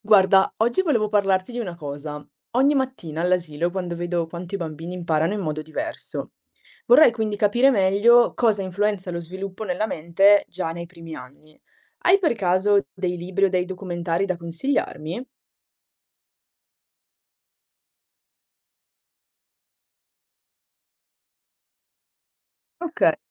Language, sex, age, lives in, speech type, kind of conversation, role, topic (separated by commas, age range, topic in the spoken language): Italian, female, 20-24, Italy, read, expert-assistant, asker, Quali libri o documentari consiglieresti per capire meglio come si sviluppa la mente dei bambini?